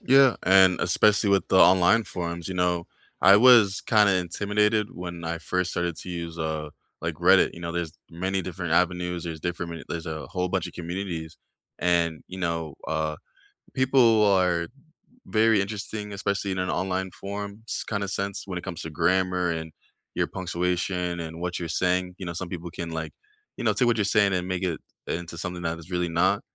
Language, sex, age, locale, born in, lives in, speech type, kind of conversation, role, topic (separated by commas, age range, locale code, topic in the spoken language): English, male, 25-29, en-US, United States, United States, conversation, unstructured, none, How do everyday gadgets help you feel closer to the people you care about?